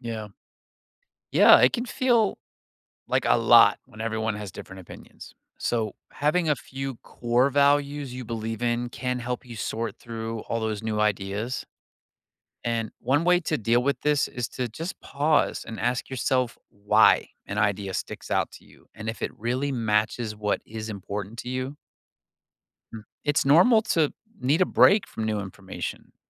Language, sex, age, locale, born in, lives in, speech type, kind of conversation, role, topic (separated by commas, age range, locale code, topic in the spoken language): English, male, 45-49, en-US, United States, United States, read, expert-assistant, expert, Why is it important to keep an open mind?